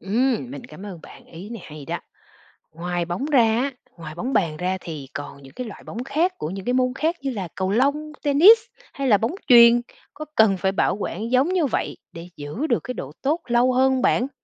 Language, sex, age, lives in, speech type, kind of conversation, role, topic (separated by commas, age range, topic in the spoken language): Vietnamese, female, 40-44, Vietnam, read, expert-assistant, asker, Tại sao khi đánh bóng vào tường, bóng lại nảy trở lại?